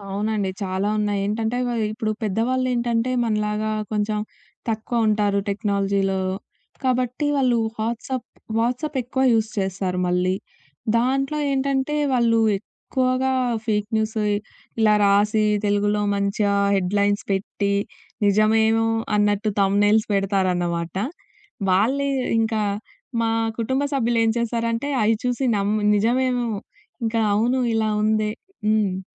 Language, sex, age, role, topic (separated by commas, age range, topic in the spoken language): Telugu, female, 20-24, guest, వైరల్ వార్తను షేర్ చేసేముందు మీరు ఏమి చెక్ చేస్తారు?